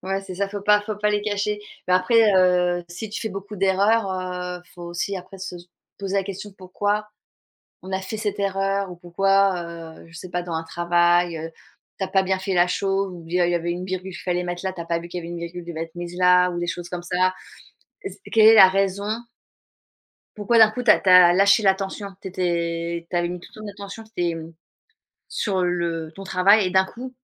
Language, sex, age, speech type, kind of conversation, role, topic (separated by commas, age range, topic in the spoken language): French, female, 40-44, conversation, unstructured, none, Comment gères-tu les erreurs dans ta vie ?